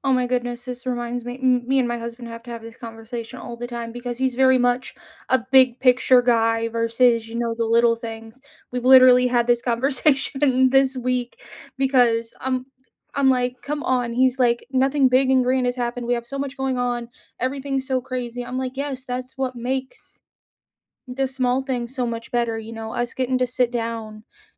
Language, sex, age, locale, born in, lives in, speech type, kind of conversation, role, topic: English, female, 30-34, en-US, United States, United States, conversation, unstructured, none, What was the last small joy that brightened your week?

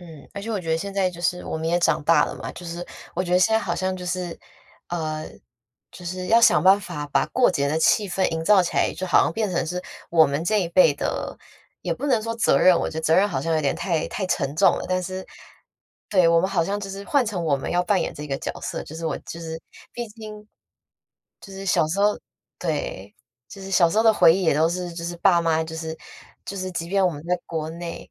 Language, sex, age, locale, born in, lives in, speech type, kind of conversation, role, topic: Chinese, female, 25-29, zh-TW, Taiwan, United States, conversation, podcast, guest, 你觉得和家人朋友一起过节有什么特别的意义？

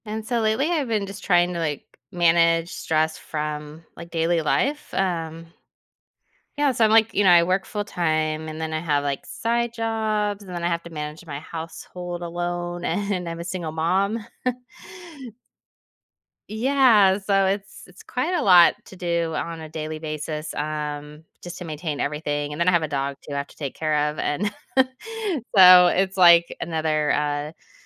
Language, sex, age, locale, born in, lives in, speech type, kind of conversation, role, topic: English, female, 40-44, en-US, United States, United States, conversation, advice, user, How can I manage stress from daily responsibilities?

